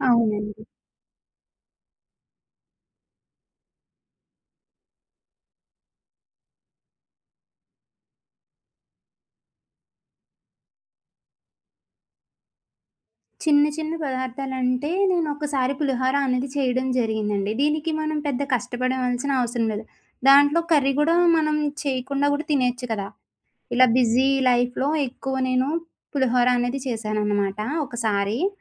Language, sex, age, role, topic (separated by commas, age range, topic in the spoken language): Telugu, female, 25-29, guest, బాధలో ఉన్న వ్యక్తిని సంతోషపెట్టడానికి మీరు ఇంట్లో చేసిన ఏ వంటకం ఇస్తారు?